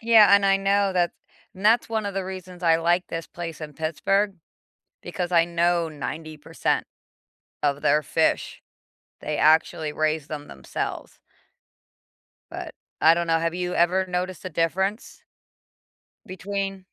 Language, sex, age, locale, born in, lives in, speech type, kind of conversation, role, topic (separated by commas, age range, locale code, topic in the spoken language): English, female, 45-49, en-US, United States, United States, conversation, unstructured, none, What’s a food you once disliked but now love, and what changed your mind?